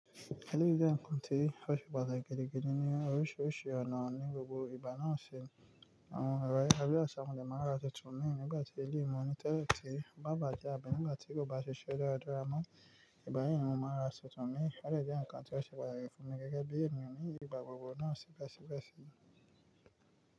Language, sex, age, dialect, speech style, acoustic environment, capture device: Yoruba, male, 25-34, Nigeria - Nigerian Standard, focused, nature, mobile / Linux / Chrome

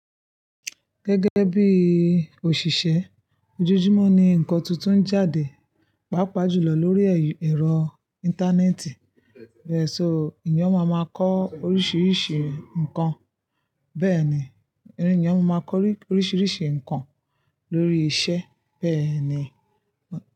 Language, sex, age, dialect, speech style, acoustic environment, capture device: Yoruba, female, 25-34, Nigeria - Ibadan, happy, silence, mobile / Linux / Chrome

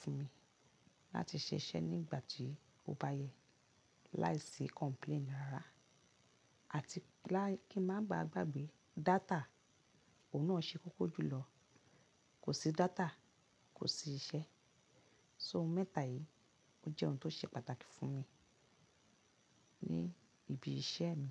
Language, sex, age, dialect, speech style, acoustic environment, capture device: Yoruba, female, 35-44, Nigeria - Lagos Yoruba, relaxed, silence; appliances, mobile / Linux / Chrome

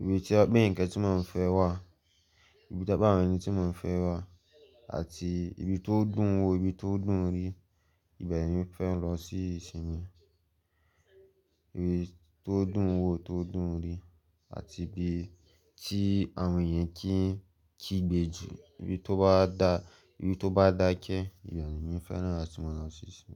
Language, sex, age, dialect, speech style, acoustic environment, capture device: Yoruba, male, 18-24, Nigeria - Lagos, anxious; focused, silence; people talking, mobile / Linux / Chrome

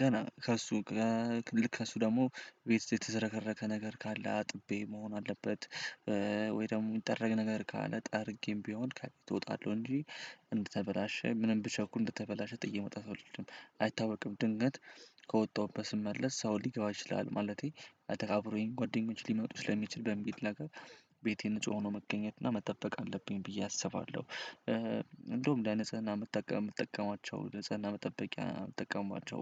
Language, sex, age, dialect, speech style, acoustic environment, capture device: Amharic, male, 18-24, Ethiopia - Addis Ababa, happy, silence, desktop / Windows / Chrome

